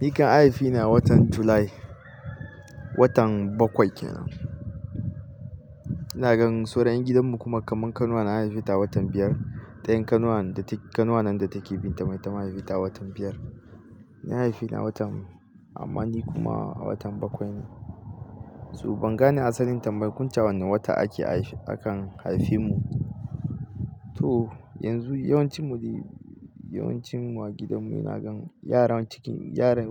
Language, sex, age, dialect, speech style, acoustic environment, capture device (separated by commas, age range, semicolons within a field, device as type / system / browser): Hausa, male, 25-34, Nigeria - Katsina, happy, nature, mobile / Linux / Chrome